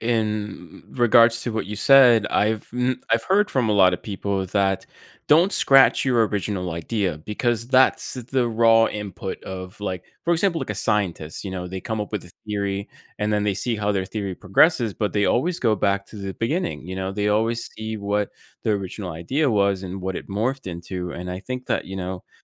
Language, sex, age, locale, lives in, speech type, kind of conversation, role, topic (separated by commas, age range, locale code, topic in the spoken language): English, male, 30-34, en-US, United States, conversation, advice, user, How can I break out of my comfort zone?